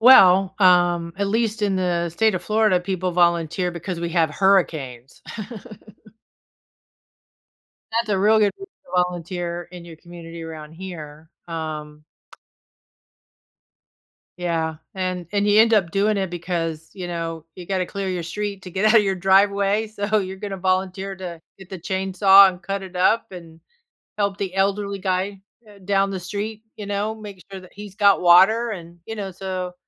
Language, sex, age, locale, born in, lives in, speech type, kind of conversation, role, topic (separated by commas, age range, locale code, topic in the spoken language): English, female, 55-59, en-US, United States, United States, conversation, podcast, guest, What inspires people to give their time and energy to help others?